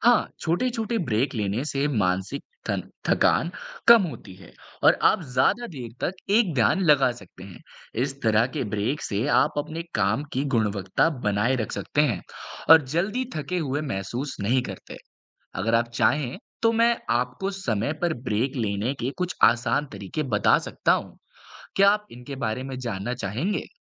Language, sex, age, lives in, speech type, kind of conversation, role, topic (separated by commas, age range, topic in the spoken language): Hindi, male, 18-19, India, read, expert-assistant, expert, मूल्यवान समय की पहचान कैसे करें